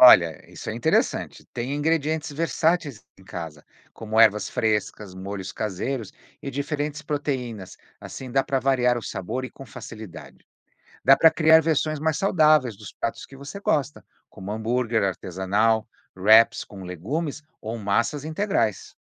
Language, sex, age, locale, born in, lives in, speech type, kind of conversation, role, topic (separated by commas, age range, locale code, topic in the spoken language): Portuguese, male, 55-59, pt-BR, Brazil, United States, read, expert-assistant, expert, Como priorizar entre praticidade, saúde e sabor na hora de escolher o que cozinhar no dia a dia?